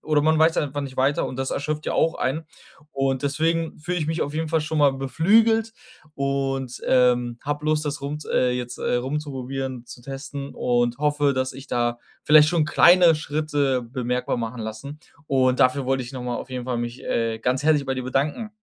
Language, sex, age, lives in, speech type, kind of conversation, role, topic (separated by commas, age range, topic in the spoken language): German, male, 25-29, Germany, conversation, advice, user, Wie kann ich morgens leichter und energiegeladener aufwachen?